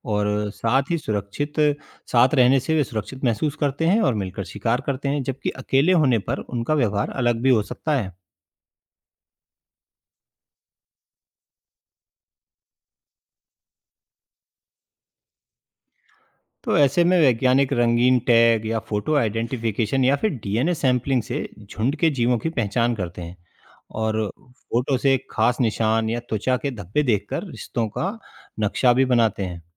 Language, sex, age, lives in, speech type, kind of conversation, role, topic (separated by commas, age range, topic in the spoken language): Hindi, male, 35-39, India, read, expert-assistant, expert, अगर मैं किसी समुद्री जीव के बारे में गहराई से समझना चाहता हूँ, तो कौन से सवाल खुद से पूछना चाहिए?